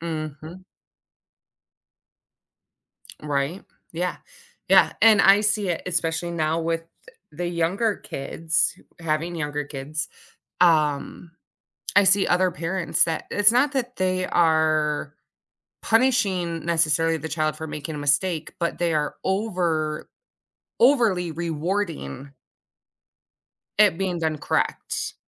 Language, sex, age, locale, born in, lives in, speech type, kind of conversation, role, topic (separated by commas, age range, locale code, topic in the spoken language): English, female, 35-39, en-US, United States, United States, conversation, podcast, host, How have your mistakes helped you grow or change your perspective?